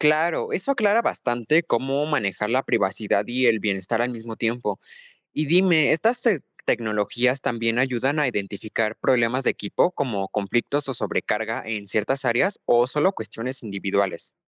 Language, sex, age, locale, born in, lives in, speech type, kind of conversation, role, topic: Spanish, male, 18-19, es-MX, Mexico, Mexico, read, expert-assistant, asker, ¿Qué innovaciones podríamos implementar para hacer que el trabajo de las enfermeras sea menos estresante y más eficiente?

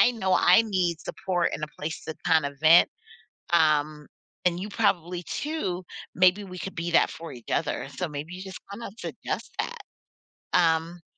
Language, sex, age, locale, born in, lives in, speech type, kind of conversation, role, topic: English, female, 40-44, en-US, United States, United States, conversation, advice, advisor, How can I balance parenting and personal time?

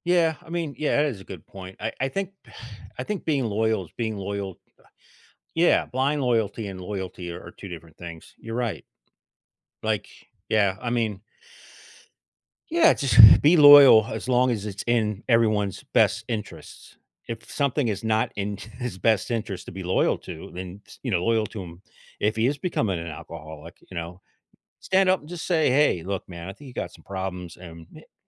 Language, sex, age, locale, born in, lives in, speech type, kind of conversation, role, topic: English, male, 50-54, en-US, United States, United States, conversation, podcast, host, How do we build and maintain deep, lasting friendships in our lives?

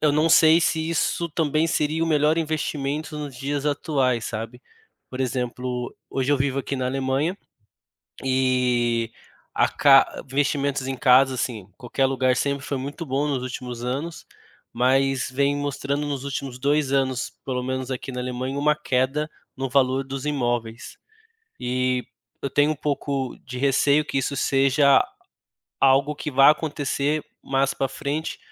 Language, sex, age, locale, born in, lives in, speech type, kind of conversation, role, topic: Portuguese, male, 30-34, pt-BR, Brazil, Germany, conversation, advice, user, Devo comprar uma casa própria ou continuar alugando?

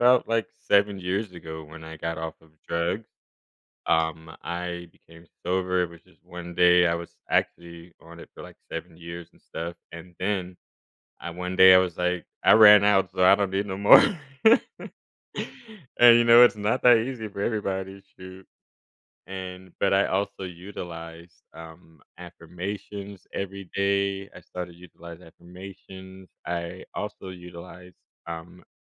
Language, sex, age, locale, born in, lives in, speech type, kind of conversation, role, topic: English, male, 30-34, en-US, Germany, United States, conversation, podcast, guest, How can practicing gratitude shape our outlook and relationships?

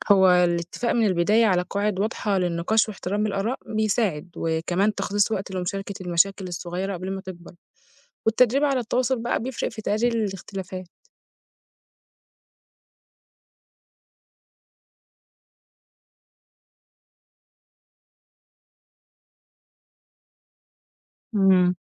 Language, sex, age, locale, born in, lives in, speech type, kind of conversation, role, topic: Arabic, female, 20-24, ar-EG, Egypt, Egypt, read, expert-assistant, expert, إزاي ممكن ننظم الجهود بين مجموعات مختلفة في حركة اجتماعية عشان نوصل لهدف واحد؟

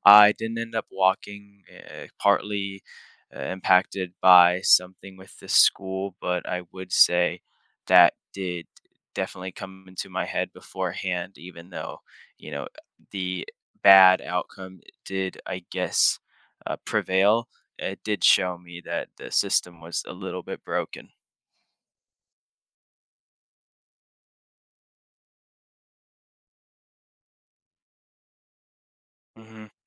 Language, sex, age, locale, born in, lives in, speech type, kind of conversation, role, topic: English, male, 18-19, en-US, United States, United States, conversation, podcast, guest, How do you approach making important decisions in your life?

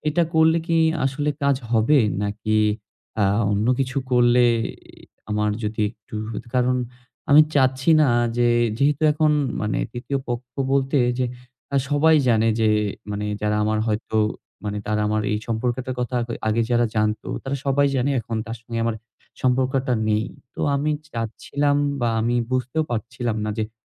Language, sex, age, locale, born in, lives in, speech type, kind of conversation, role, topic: Bengali, male, 20-24, bn-BD, Bangladesh, Bangladesh, conversation, advice, user, প্রাক্তন সঙ্গীর অনিচ্ছাকৃত হস্তক্ষেপ বা যোগাযোগ সমস্যা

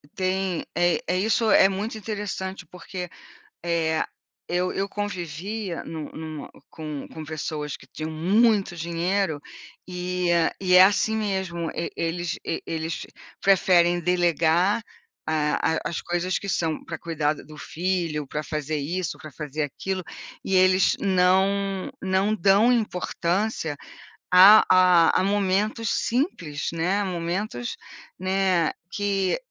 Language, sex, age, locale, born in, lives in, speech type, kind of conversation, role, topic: Portuguese, female, 60-64, pt-BR, Brazil, United States, conversation, unstructured, none, Você acha que o dinheiro compra felicidade? Por quê?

